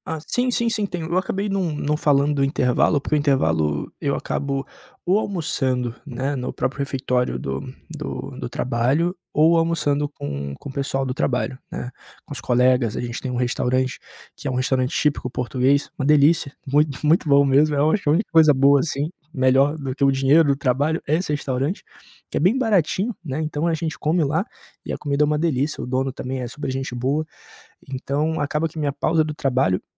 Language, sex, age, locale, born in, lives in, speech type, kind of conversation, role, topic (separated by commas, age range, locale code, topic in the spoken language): Portuguese, male, 25-29, pt-BR, Brazil, Portugal, conversation, advice, user, Como posso organizar minhas fontes de inspiração quando tenho pouco tempo disponível para criar?